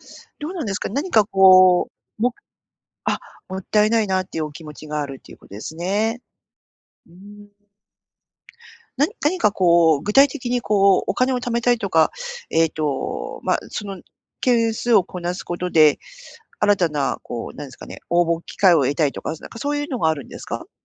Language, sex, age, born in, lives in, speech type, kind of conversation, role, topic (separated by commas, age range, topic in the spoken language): Japanese, female, 50-54, Japan, Japan, conversation, advice, advisor, 今の仕事にもっと意義を見いだすにはどうすればよいですか？